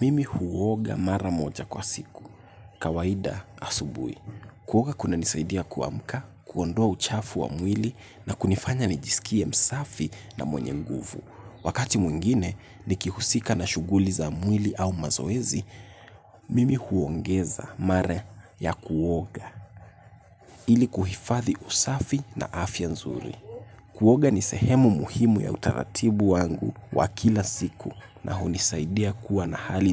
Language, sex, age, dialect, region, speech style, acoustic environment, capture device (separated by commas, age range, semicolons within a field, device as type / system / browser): Swahili, male, 18-24, Kenya - Nairobi Sheng-influenced, Kenya, relaxed; focused, nature; silence, mobile / Linux / Chrome